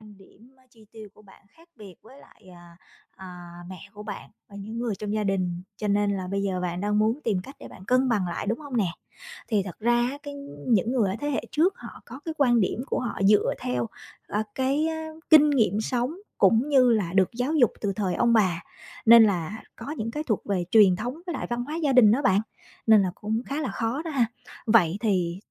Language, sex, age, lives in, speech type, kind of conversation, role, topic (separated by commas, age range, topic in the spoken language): Vietnamese, female, 35-39, Vietnam, conversation, advice, advisor, Bạn và người kia khác biệt lớn về quan điểm tiền bạc ở điểm nào, và bạn muốn giải quyết việc này như thế nào?